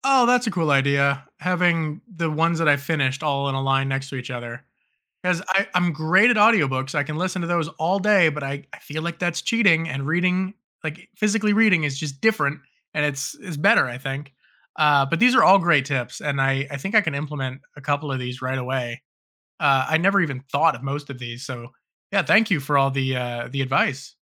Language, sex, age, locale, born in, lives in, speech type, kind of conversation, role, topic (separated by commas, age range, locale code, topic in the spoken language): English, male, 30-34, en-US, United States, United States, read, expert-assistant, asker, How can you make reading a regular habit?